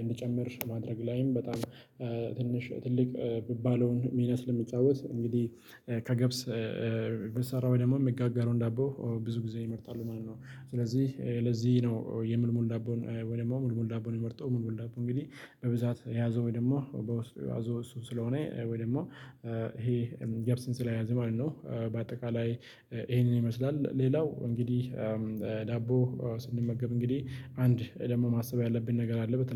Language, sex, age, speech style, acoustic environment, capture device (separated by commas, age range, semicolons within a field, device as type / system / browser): Amharic, male, 25-34, happy, silence, mobile / Linux / Chrome